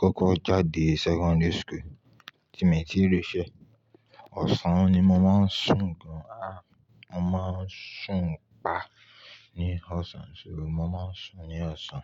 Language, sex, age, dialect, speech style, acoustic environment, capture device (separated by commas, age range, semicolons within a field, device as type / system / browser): Yoruba, male, 25-34, Nigeria - Ibadan, happy; relaxed, nature, mobile / Linux / Chrome